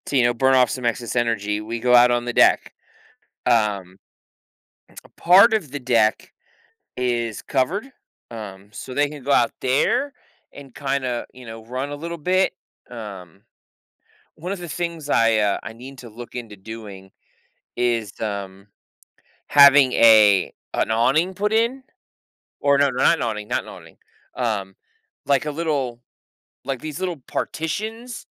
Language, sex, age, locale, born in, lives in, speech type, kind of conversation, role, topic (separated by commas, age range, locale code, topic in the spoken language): English, male, 30-34, en-US, United States, United States, conversation, unstructured, none, What factors help you decide whether to spend your weekend indoors or outdoors?